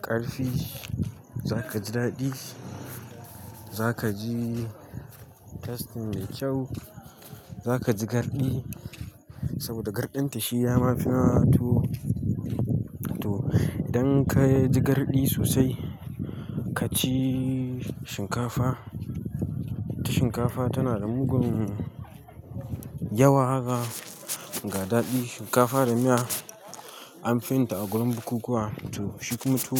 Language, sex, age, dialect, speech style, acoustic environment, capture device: Hausa, male, 18-24, Nigeria - Katsina, happy, silence, mobile / Linux / Chrome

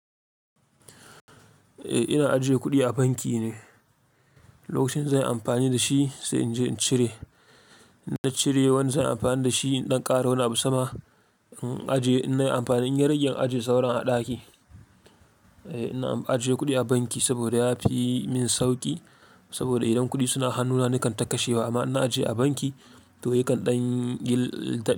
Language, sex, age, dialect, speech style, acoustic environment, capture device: Hausa, male, 25-34, Nigeria - Kano, excited, silence, mobile / Linux / Chrome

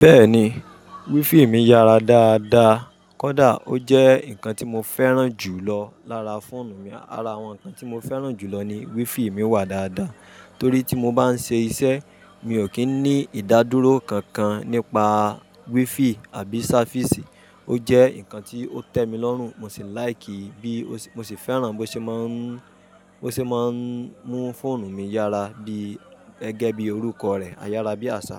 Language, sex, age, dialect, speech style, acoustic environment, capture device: Yoruba, male, 25-34, Nigeria - Ibadan, happy, people talking, mobile / Linux / Chrome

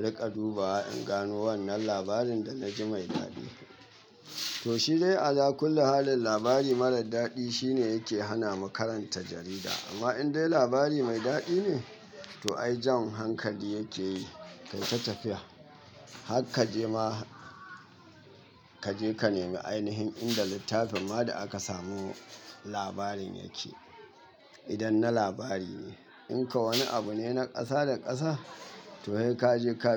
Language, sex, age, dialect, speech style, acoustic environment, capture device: Hausa, male, 25-34, Nigeria - Katsina, happy, people talking, mobile / Linux / Chrome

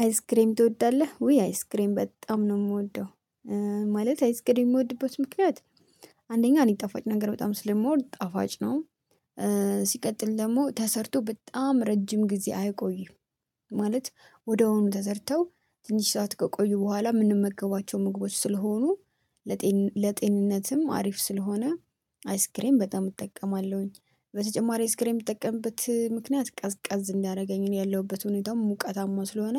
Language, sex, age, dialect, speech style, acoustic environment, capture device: Amharic, female, 25-34, Ethiopia - Addis Ababa, happy, silence, mobile / Linux / Chrome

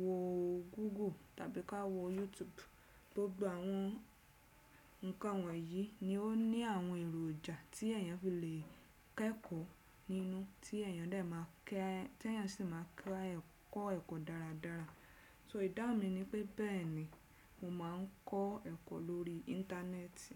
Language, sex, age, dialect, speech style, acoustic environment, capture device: Yoruba, female, 35-44, Nigeria - Lagos Yoruba, relaxed; focused, silence, desktop / Windows / Chrome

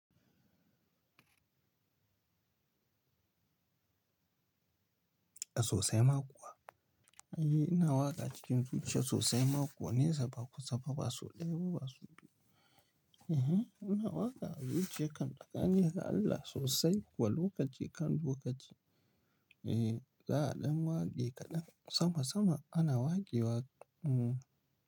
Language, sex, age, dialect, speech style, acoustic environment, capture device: Hausa, male, 25-34, Nigeria - Pidgin-influenced, relaxed; tired; stressed; focused; nervous; anxious, nature, mobile / Linux / Chrome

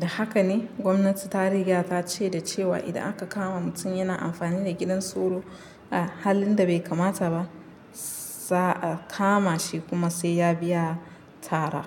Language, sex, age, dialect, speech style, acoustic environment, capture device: Hausa, female, 25-34, Nigeria - Nigerian Standard, relaxed, nature, mobile / Linux / Chrome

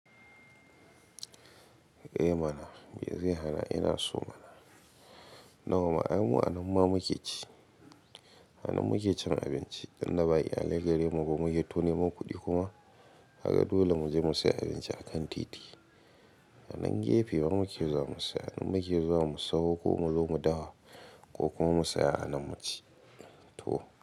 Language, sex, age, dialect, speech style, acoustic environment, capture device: Hausa, male, 25-34, Nigeria - Katsina, happy, nature, mobile / Linux / Chrome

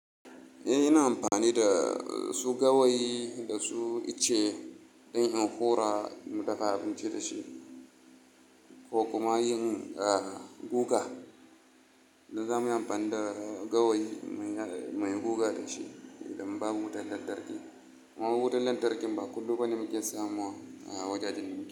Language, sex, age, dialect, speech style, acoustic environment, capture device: Hausa, male, 25-34, Nigeria - Kano, relaxed, silence, mobile / Linux / Chrome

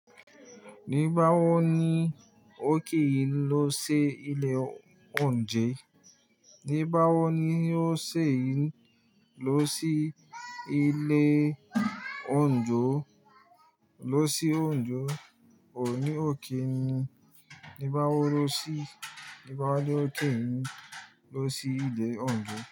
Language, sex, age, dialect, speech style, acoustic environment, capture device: Yoruba, male, 25-34, Nigeria - Nigerian Standard, happy, silence; nature, mobile / Linux / Chrome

